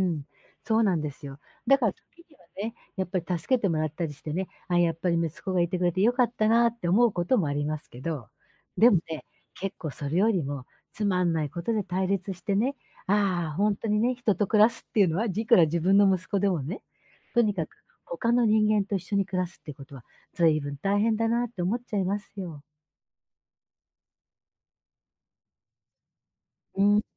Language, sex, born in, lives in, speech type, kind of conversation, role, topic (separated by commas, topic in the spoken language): Japanese, female, Japan, Japan, conversation, advice, user, 家庭やパートナーと小さな衝突があっただけで大きく落ち込んでしまうのは、どうしてだと思いますか？